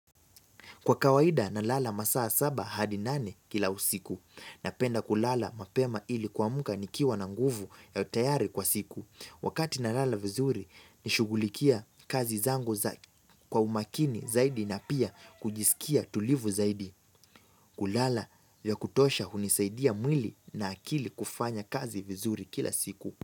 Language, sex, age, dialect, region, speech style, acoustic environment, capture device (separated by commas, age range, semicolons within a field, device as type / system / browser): Swahili, male, 18-24, Kenya - Nairobi Sheng-influenced, Kenya, relaxed; focused; excited, nature, mobile / Linux / Chrome